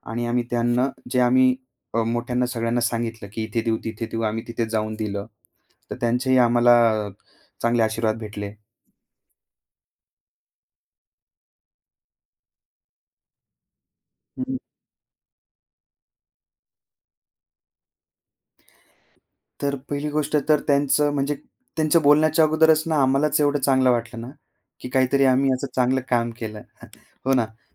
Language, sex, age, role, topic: Marathi, male, 25-29, guest, भोजन वाया जाणं थांबवण्यासाठी तुम्ही काय करता?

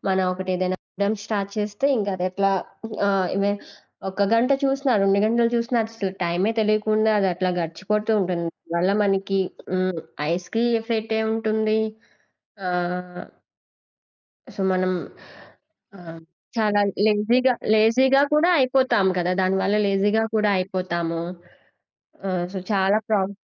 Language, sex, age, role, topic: Telugu, female, 20-24, guest, సామాజిక మాధ్యమాలు మన అభిప్రాయాలను ఎలా ప్రభావితం చేసి మార్చుతాయి?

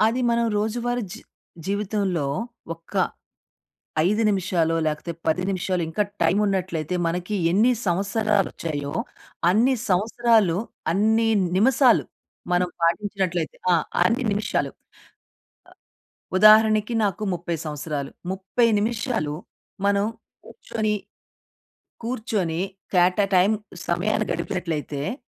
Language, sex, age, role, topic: Telugu, female, 40-44, guest, మనసుకు శాంతి కలిగించేందుకు మీరు సాధారణంగా చేసే శ్వాస వ్యాయామం ఏది?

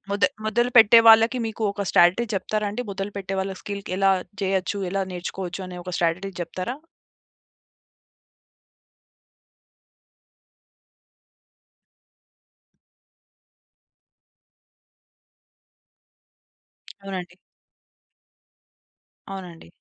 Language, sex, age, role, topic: Telugu, female, 25-29, host, కొత్త నైపుణ్యాన్ని నేర్చుకోవడం మొదలుపెట్టేటప్పుడు మీరు ముందుగా ఏం చేస్తారు?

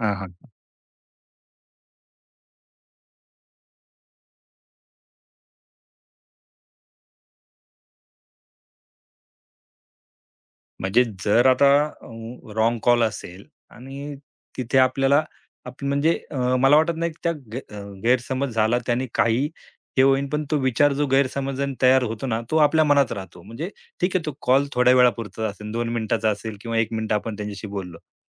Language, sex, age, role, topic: Marathi, male, 35-39, guest, कुटुंबातील संवादामुळे गैरसमज कसे निर्माण होतात?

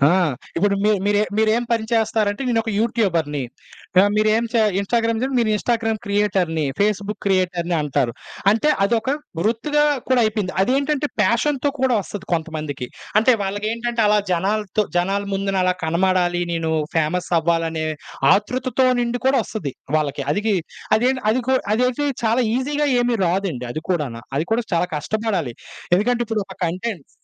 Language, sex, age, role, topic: Telugu, male, 25-29, guest, సోషల్ మీడియా నీ రోజువారీ జీవితం ఎలా మార్చింది?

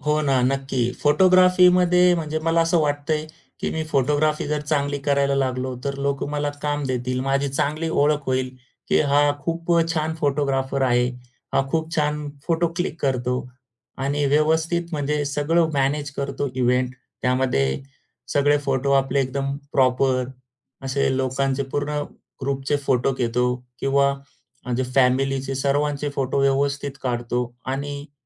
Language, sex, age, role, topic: Marathi, male, 35-39, guest, तुमच्या कामानं तुमची ओळख कशी घडवली आहे?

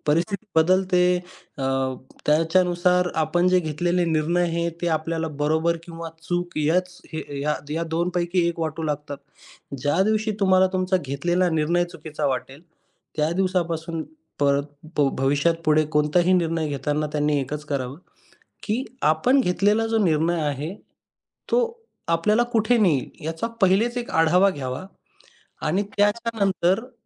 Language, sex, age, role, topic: Marathi, male, 25-29, guest, तुमच्या आयुष्याला पूर्णपणे कलाटणी देणारा तो कोणता क्षण होता?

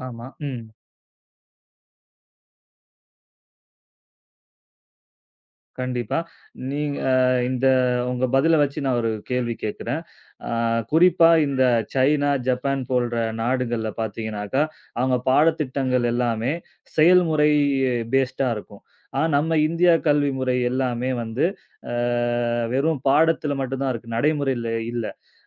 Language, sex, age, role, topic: Tamil, male, 30-34, host, பிளாஸ்டிக் மாசு பற்றி நீங்கள் பொதுமக்களுக்கு என்ன சொல்லுவீர்கள்?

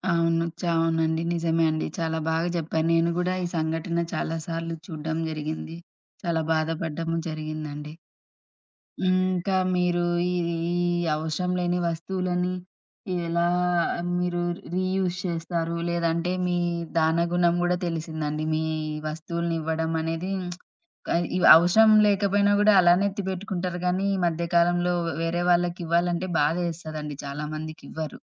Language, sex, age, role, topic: Telugu, female, 30-34, host, అవసరం లేని వస్తువులను మీరు ఎప్పుడు, ఎలా తొలగిస్తారు?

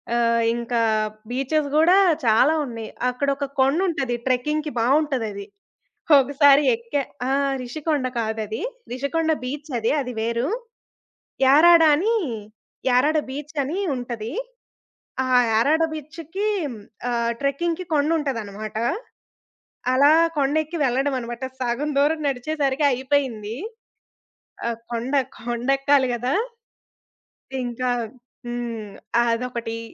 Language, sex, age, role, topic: Telugu, female, 20-24, guest, మీ జీవితంలో మీకు మర్చిపోలేని ప్రయాణ అనుభవం ఏది?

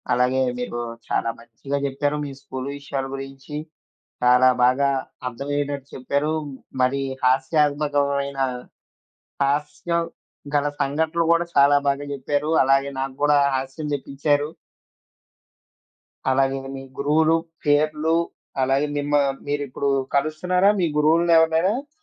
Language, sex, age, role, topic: Telugu, male, 20-24, host, మీకు పాఠశాల రోజుల నుంచి ఇప్పటికీ స్పష్టంగా గుర్తుండిపోయిన జ్ఞాపకం ఏది?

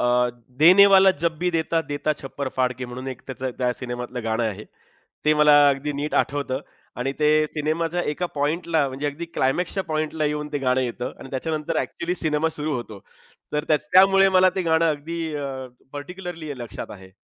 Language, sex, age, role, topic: Marathi, male, 35-39, guest, कुठल्या चित्रपटाचा प्रभाव तुझ्यावर आजही कायम आहे?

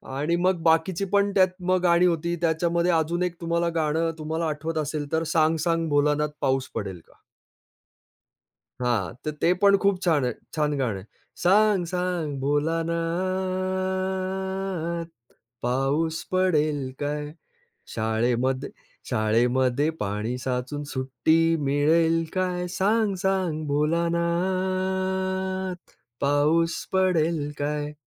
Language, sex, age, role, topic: Marathi, male, 45-49, guest, लहानपणी तुला कोणती गाणी सर्वाधिक आवडायची?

